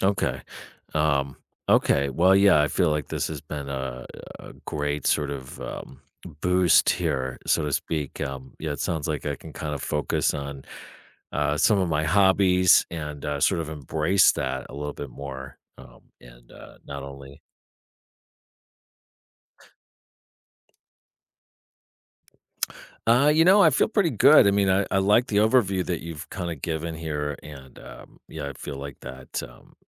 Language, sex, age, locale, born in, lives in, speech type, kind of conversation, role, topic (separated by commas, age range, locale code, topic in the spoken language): English, male, 45-49, en-US, United States, United States, conversation, advice, user, How can I prevent burnout by adding small daily habits to my routine?